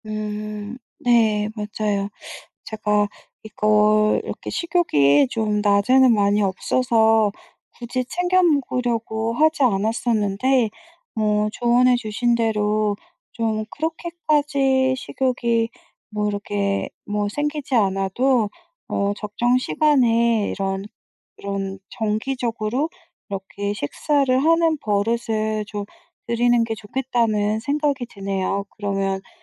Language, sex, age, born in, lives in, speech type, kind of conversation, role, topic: Korean, female, 40-44, South Korea, France, conversation, advice, user, 감정적 스트레스로 밤에 야식을 과식하는 습관이 반복되는 이유는 무엇인가요?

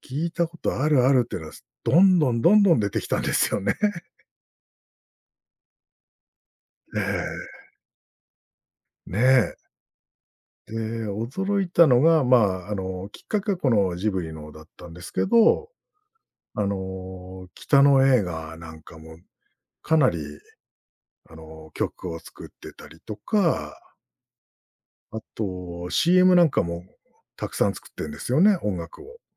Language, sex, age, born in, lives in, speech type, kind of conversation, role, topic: Japanese, male, 45-49, Japan, Japan, conversation, podcast, guest, アニメはあなたの音楽の好みにどんな影響を与えましたか？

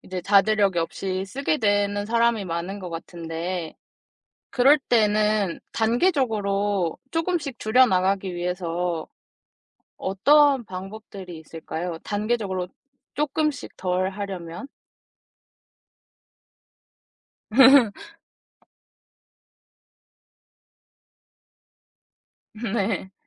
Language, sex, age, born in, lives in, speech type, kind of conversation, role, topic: Korean, female, 20-24, South Korea, South Korea, conversation, podcast, host, 자기 전에 전자기기 사용을 줄이려면 어떻게 해야 하나요?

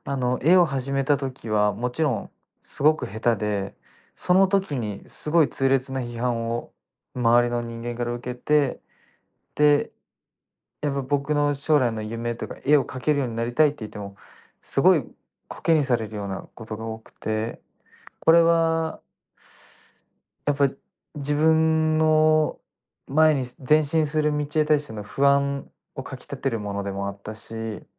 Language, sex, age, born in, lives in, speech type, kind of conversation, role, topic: Japanese, male, 20-24, Japan, Japan, conversation, podcast, guest, 自分らしい表現はどうやって見つけましたか？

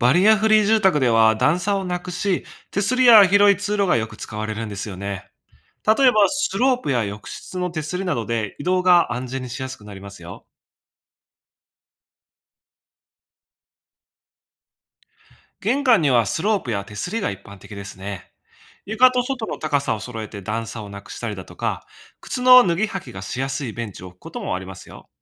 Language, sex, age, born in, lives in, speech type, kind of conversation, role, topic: Japanese, male, 25-29, Japan, Japan, read, expert-assistant, expert, 『バリアフリー住宅』って、どんな特徴や工夫があるんですか？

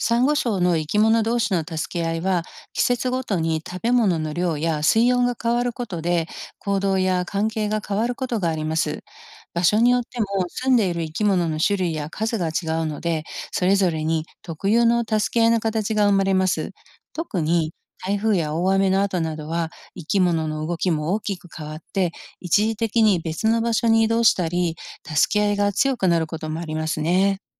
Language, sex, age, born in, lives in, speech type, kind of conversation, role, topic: Japanese, female, 55-59, Japan, United States, read, expert-assistant, expert, なぜサンゴ礁は多くの生き物のすみかになるのでしょうか？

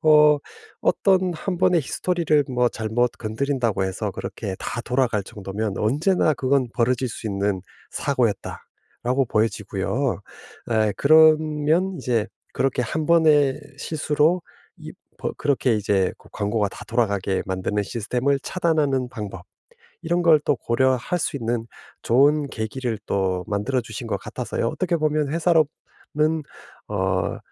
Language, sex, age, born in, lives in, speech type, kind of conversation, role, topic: Korean, male, 50-54, South Korea, United States, conversation, advice, advisor, 실수 때문에 기분이 안 좋을 때 어떻게 회복하나요?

